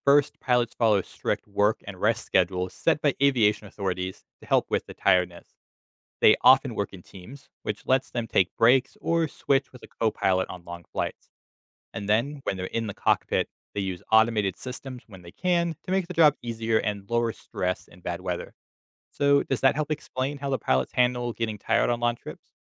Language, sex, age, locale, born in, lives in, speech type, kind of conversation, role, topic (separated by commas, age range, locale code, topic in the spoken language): English, male, 25-29, en-US, United States, United States, read, expert-assistant, expert, How do airplanes stay in the air?